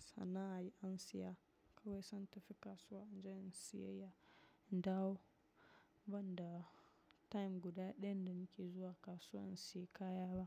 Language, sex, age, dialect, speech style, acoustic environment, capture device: Hausa, female, 25-34, Nigeria - Nigerian Standard, relaxed, silence, mobile / macOS / Safari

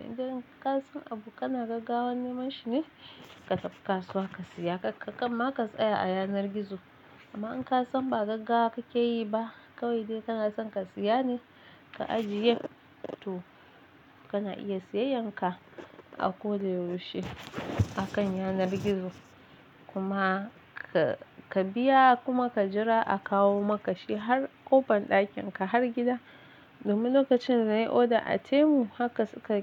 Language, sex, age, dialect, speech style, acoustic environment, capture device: Hausa, female, 25-34, Nigeria - Nigerian Standard, happy; excited; relaxed, silence, mobile / Linux / Chrome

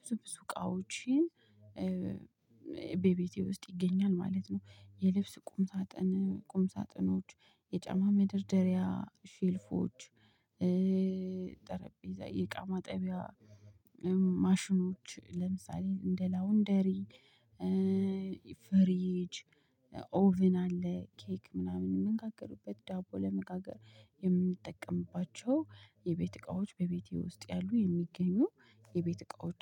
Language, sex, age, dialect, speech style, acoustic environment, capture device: Amharic, female, 25-34, Ethiopia - Addis Ababa, happy, people talking, mobile / Linux / Chrome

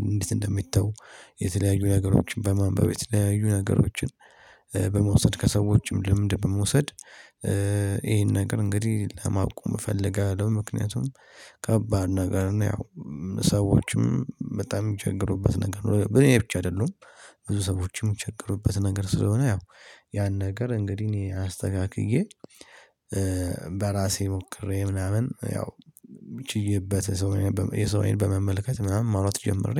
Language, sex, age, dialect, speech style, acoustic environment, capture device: Amharic, male, 18-24, Ethiopia - Addis Ababa, stressed; happy, people talking, mobile / Linux / Chrome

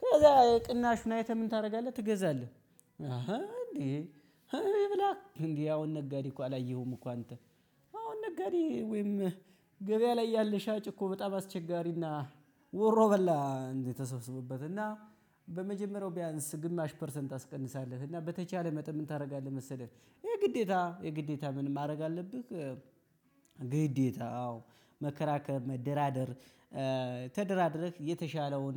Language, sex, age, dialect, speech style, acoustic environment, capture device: Amharic, male, 25-34, Ethiopia - Gojjam, happy; excited, nature, mobile / Linux / Chrome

